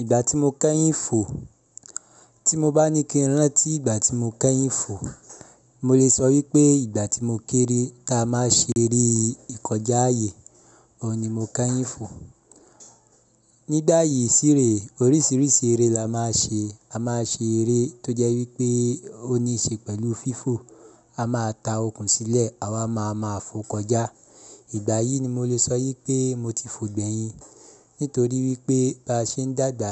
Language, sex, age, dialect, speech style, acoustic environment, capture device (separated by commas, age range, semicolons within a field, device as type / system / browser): Yoruba, male, 25-34, Nigeria - Ibadan, happy; relaxed; excited; focused, appliances, mobile / Linux / Chrome